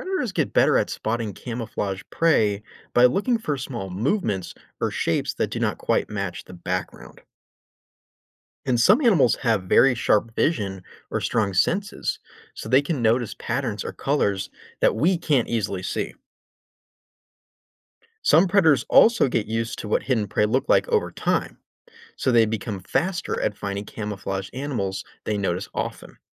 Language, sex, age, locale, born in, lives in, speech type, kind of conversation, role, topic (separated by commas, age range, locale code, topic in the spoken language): English, male, 25-29, en-US, United States, United States, read, expert-assistant, expert, Why do some animals use camouflage to hide from predators?